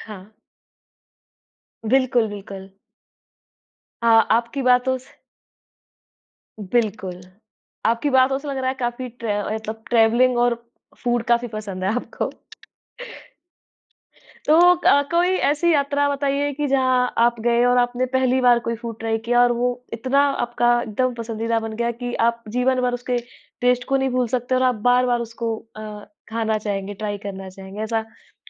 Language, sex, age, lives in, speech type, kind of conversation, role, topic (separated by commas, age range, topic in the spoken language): Hindi, female, 25-29, India, conversation, podcast, host, यात्रा के दौरान खाना आपके अनुभव को कैसे बदल देता है?